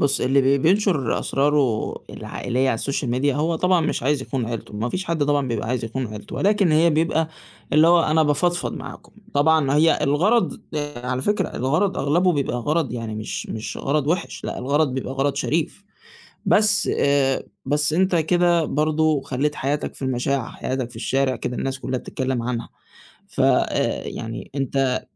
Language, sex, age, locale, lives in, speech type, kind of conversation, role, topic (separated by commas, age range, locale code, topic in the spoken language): Arabic, male, 20-24, ar-EG, Egypt, conversation, podcast, guest, إيه رأيك في مشاركة أسرار العيلة على السوشيال ميديا؟